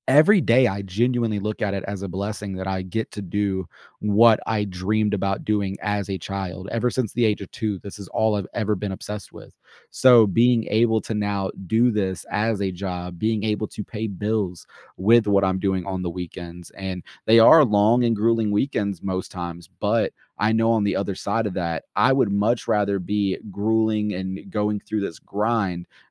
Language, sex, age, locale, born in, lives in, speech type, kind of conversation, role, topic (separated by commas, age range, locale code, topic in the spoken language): English, male, 25-29, en-US, United States, United States, conversation, podcast, guest, How do your daily routines and work habits shape your typical day on the job?